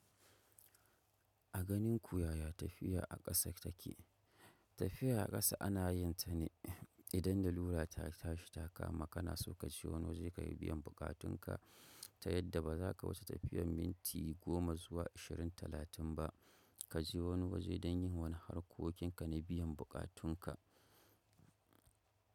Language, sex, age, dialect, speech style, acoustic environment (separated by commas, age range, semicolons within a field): Hausa, male, 25-34, Nigeria - Kano, focused, nature